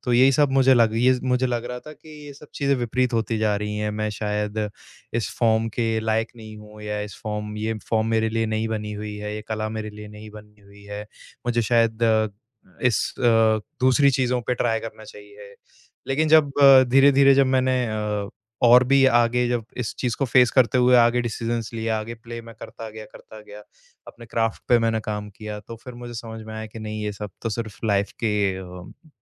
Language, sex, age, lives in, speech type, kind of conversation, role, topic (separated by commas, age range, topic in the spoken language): Hindi, male, 25-29, India, conversation, advice, user, प्रदर्शन समीक्षा में नकारात्मक टिप्पणियों से मेरे करियर पर क्या असर पड़ सकता है?